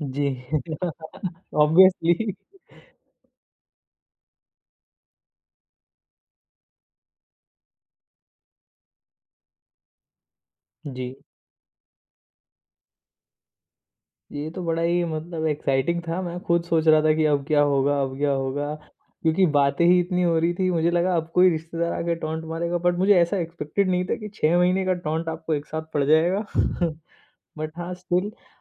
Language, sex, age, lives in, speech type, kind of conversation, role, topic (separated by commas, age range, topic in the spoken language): Hindi, male, 25-29, India, conversation, podcast, host, Apni shaadi ke din ki kaun si khaas yaad aapko sabse zyada yaadgaar lagti hai?